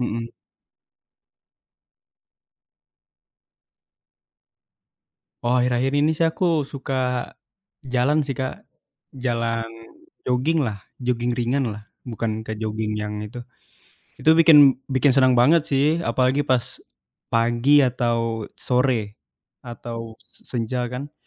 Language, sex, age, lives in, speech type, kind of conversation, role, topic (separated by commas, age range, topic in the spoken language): Indonesian, male, 20-24, Indonesia, conversation, unstructured, none, Aktivitas fisik apa yang selalu membuatmu merasa bahagia?